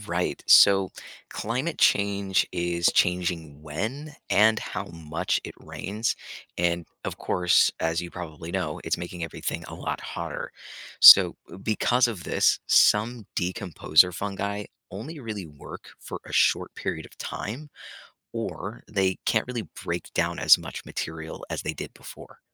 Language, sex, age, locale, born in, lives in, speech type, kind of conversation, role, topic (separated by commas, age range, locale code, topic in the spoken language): English, male, 25-29, en-US, United States, United States, read, expert-assistant, expert, How do mushrooms help recycle nutrients in the forest?